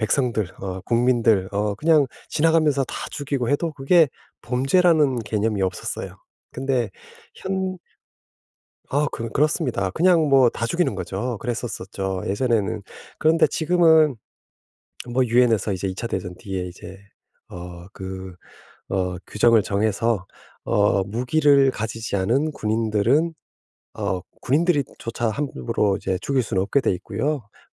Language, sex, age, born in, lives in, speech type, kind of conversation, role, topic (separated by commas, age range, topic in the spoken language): Korean, male, 50-54, South Korea, United States, conversation, advice, advisor, 외부 사건 때문에 불안할 때 어떻게 관리하면 좋을까요?